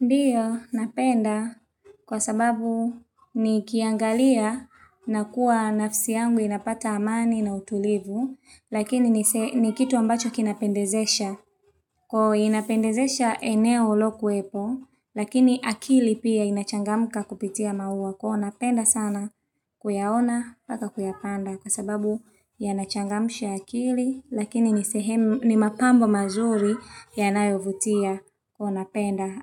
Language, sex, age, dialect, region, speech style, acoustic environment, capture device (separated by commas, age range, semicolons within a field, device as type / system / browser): Swahili, female, 25-34, Tanzania - Dar es Salaam, Tanzania, happy, silence, mobile / Linux / Chrome